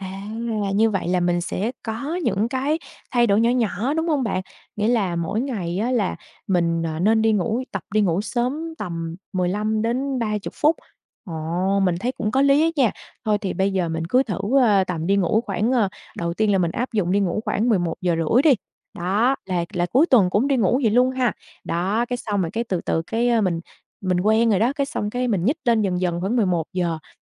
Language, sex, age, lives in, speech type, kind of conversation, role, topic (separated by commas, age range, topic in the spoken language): Vietnamese, female, 25-29, Vietnam, conversation, advice, user, Làm sao để dậy sớm mỗi ngày khi bạn hay ngủ nướng?